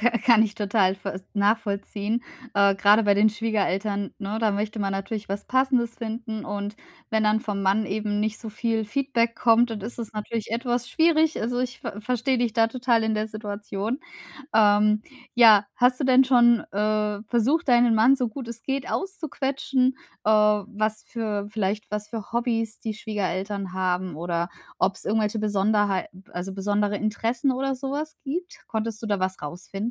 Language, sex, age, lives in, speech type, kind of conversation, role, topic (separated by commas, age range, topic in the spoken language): German, female, 35-39, Germany, conversation, advice, advisor, Wie finde ich das richtige Geschenk, wenn ich unsicher bin?